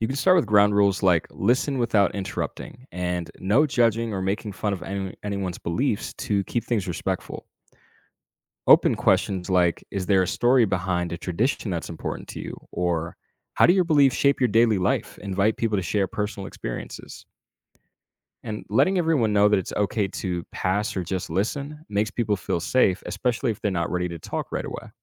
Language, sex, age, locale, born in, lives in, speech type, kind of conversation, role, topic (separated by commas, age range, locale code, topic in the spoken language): English, male, 25-29, en-US, United States, United States, read, expert-assistant, expert, How can studying world religions encourage greater tolerance?